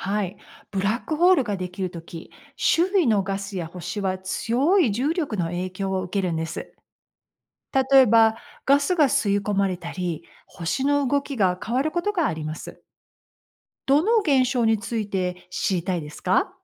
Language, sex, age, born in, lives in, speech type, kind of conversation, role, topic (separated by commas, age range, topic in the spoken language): Japanese, female, 55-59, United States, United States, read, expert-assistant, expert, 星は本当に“生まれて” “死ぬ”と聞きましたが、それはどうやって確かめられているのですか？